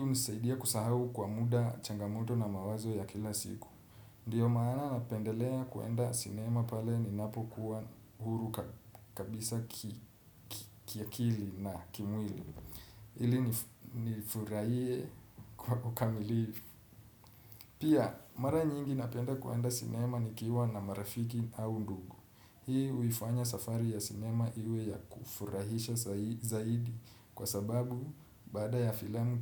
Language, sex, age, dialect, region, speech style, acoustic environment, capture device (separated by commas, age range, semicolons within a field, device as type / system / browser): Swahili, male, 25-34, Kenya - Nairobi Sheng-influenced, Kenya, relaxed, silence, desktop / Windows / Chrome